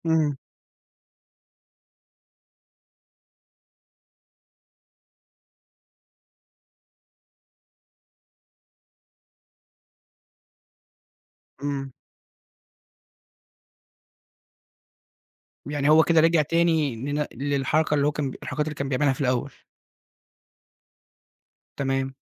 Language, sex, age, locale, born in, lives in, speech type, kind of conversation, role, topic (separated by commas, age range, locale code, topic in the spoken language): Arabic, male, 20-24, ar-EG, Egypt, Egypt, conversation, podcast, host, احكيلي عن تجربة علّمتك درس مهم؟